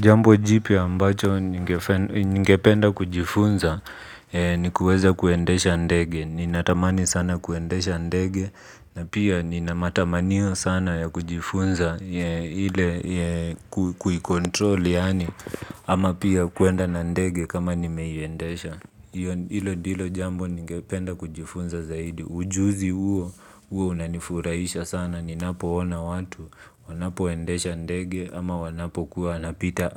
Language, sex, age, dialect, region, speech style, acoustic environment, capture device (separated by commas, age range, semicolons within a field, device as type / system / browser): Swahili, male, 25-34, Kenya - Nairobi Sheng-influenced, Kenya, relaxed, appliances, mobile / Linux / Chrome